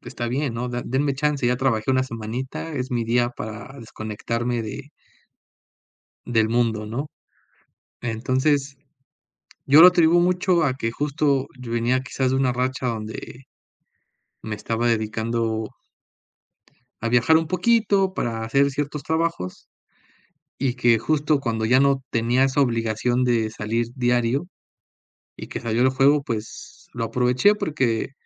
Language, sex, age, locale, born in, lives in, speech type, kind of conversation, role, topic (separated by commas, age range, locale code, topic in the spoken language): Spanish, male, 25-29, es-MX, Mexico, Mexico, conversation, podcast, guest, ¿Qué actividades te hacen perder la noción del tiempo?